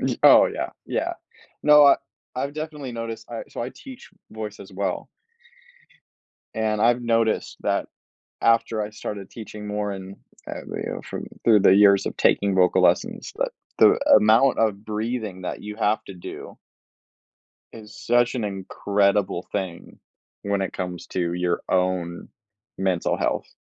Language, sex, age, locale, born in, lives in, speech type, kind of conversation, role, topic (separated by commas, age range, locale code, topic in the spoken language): English, male, 20-24, en-US, United States, United States, conversation, podcast, host, What habits or routines help you handle stress in everyday life?